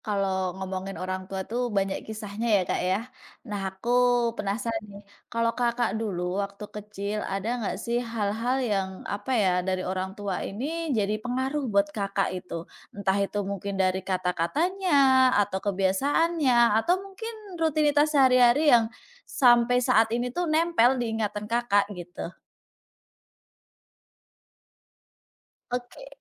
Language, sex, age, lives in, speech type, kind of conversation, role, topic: Indonesian, female, 30-34, Indonesia, conversation, podcast, host, Apa hal kecil dari orang tua yang paling memengaruhi kamu?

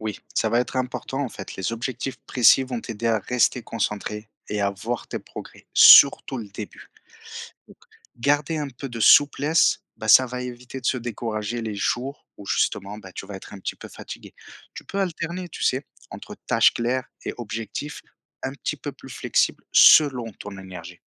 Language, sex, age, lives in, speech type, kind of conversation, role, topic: French, male, 30-34, France, read, expert-assistant, expert, Comment garder le rythme avec les cours en ligne sans me laisser distraire par mon téléphone ou d'autres applications ?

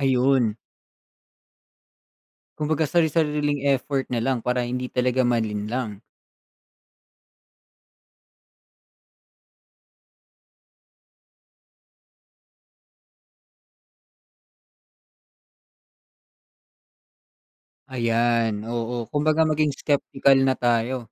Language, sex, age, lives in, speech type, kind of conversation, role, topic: Filipino, male, 25-29, Philippines, conversation, podcast, host, Ano ang mga paraan mo para matukoy ang pekeng balita sa internet?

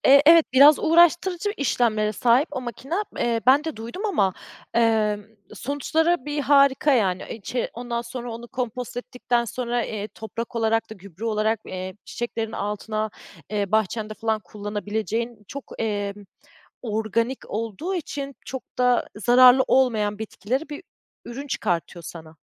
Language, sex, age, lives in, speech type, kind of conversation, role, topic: Turkish, female, 30-34, Germany, conversation, podcast, host, Gıda israfını azaltmak için bireyler neler yapabilir?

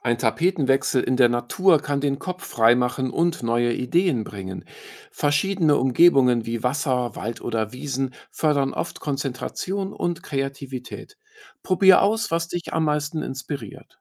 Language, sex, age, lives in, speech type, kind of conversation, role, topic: German, male, 45-49, Germany, read, expert-assistant, expert, Wie entscheide ich, ob Abenteuer, Entspannung oder Fitness bei meinen Freizeitaktivitäten draußen wichtiger sind?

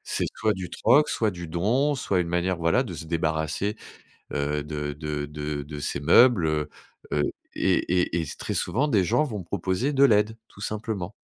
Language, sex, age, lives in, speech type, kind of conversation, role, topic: French, male, 45-49, France, conversation, advice, advisor, Comment décririez-vous votre perte de motivation pour vos tâches habituelles ?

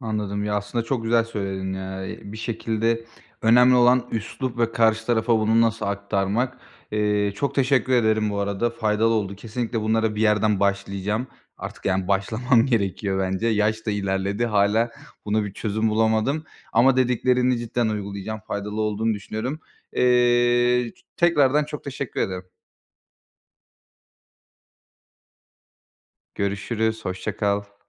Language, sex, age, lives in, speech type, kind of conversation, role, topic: Turkish, male, 25-29, Bulgaria, conversation, advice, user, Sosyal çevremde sınırlarımı nasıl belirleyip koruyabilirim?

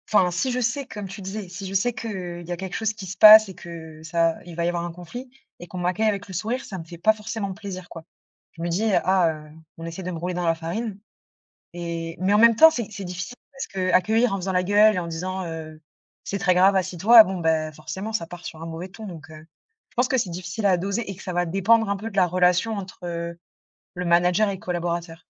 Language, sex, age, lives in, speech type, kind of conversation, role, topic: French, female, 30-34, France, conversation, unstructured, none, Comment un sourire peut-il changer l’ambiance d’une dispute ?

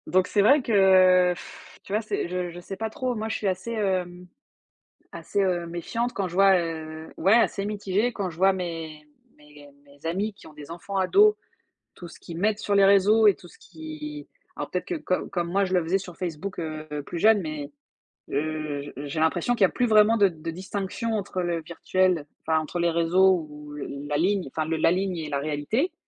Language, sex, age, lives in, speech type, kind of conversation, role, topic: French, female, 35-39, France, conversation, unstructured, none, Penses-tu que les gens parlent trop de leur vie en ligne ?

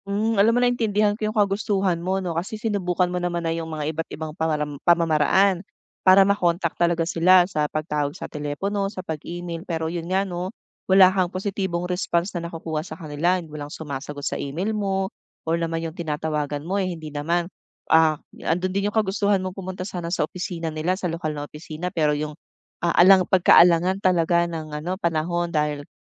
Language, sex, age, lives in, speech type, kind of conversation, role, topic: Filipino, female, 40-44, Philippines, conversation, advice, advisor, Paano ko sisimulan ang pakikipag-ugnayan sa lokal na opisina para ayusin ang aking mga dokumento?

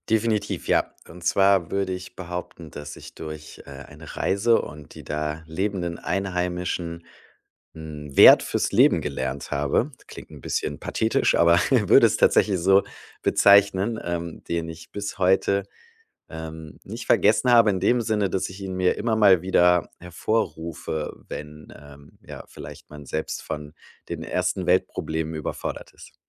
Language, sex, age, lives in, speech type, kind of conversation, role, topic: German, male, 35-39, Germany, conversation, podcast, guest, Was hast du von Einheimischen gelernt, das du nie vergessen hast?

